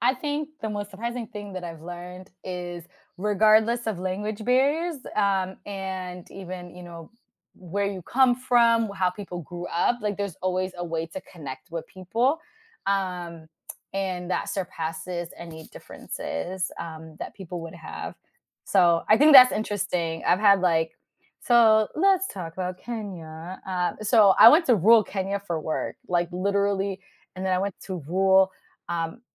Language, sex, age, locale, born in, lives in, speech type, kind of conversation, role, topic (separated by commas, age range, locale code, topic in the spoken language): English, female, 30-34, en-US, United States, United States, conversation, podcast, guest, How have unexpected experiences while traveling changed your perspective?